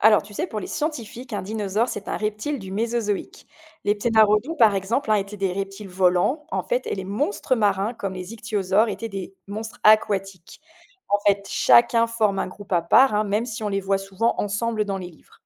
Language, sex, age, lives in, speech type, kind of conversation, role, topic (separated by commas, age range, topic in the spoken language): French, female, 45-49, France, read, expert-assistant, expert, Que veut dire « dinosaure » en paléontologie, et tous les grands reptiles préhistoriques étaient-ils vraiment des dinosaures ?